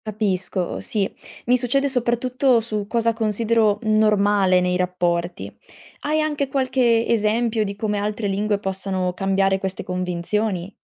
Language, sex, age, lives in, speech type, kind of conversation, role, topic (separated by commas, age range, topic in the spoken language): Italian, female, 20-24, Italy, read, expert-assistant, asker, Come cambia il nostro modo di vedere il mondo quando impariamo una nuova lingua?